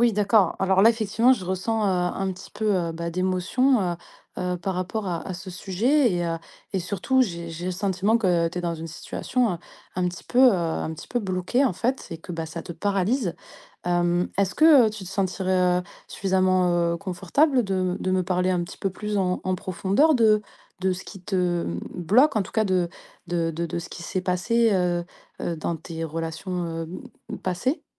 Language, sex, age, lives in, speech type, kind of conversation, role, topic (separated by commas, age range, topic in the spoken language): French, female, 30-34, France, conversation, advice, advisor, Comment décrire vos ruminations constantes et votre incapacité à passer à autre chose ?